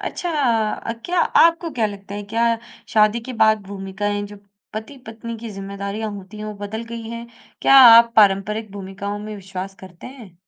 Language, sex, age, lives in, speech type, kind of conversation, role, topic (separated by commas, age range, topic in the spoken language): Hindi, female, 20-24, India, conversation, podcast, host, प्यार और शादी के बारे में पीढ़ियों की धारणाएँ कैसे बदल रही हैं?